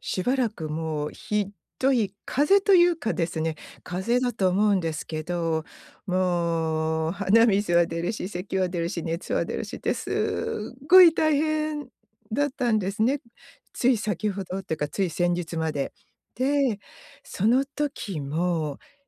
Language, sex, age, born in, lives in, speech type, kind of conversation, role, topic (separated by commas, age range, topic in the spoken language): Japanese, female, 55-59, Japan, United States, conversation, advice, user, 義務感から自分を犠牲にせずに過ごすには、どうすればいいですか？